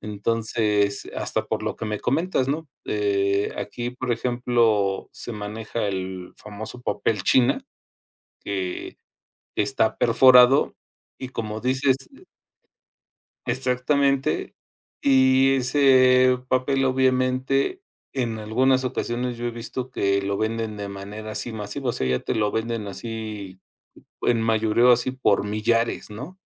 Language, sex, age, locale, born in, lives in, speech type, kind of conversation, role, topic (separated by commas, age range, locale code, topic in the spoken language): Spanish, male, 50-54, es-MX, Mexico, Mexico, conversation, unstructured, none, ¿Crees que la cultura cambia con la globalización? ¿Por qué?